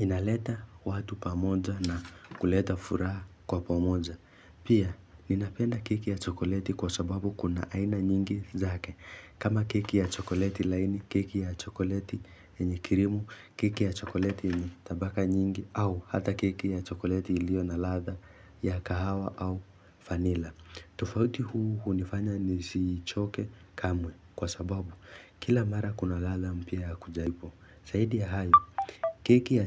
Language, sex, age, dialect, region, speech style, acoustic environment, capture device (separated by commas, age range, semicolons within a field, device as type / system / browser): Swahili, male, 18-24, Kenya - Nairobi Sheng-influenced, Kenya, focused, silence, mobile / Linux / Chrome